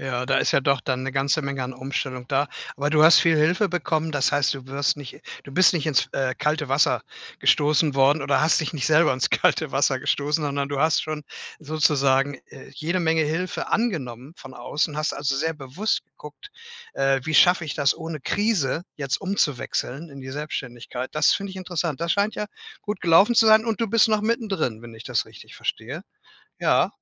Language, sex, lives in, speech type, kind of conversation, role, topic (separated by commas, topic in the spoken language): German, male, Germany, conversation, podcast, host, Wie kam es zu deinem Berufswechsel?